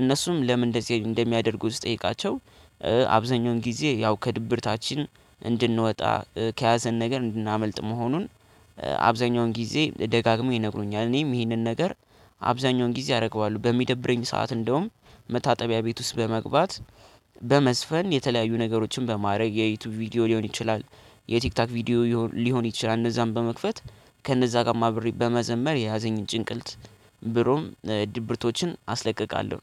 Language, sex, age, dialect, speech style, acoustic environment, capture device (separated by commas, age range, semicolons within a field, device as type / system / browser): Amharic, male, 18-24, Ethiopia - Addis Ababa, happy, people talking; nature, desktop / Linux / Chrome